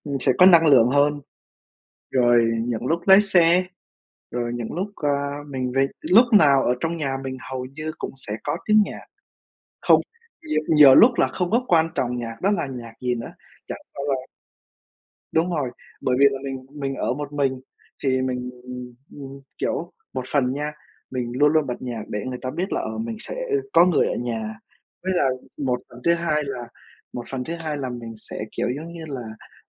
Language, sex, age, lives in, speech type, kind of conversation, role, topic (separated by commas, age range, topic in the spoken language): Vietnamese, male, 20-24, United States, conversation, unstructured, none, Bạn thích nghe nhạc pop hay nhạc cổ điển hơn?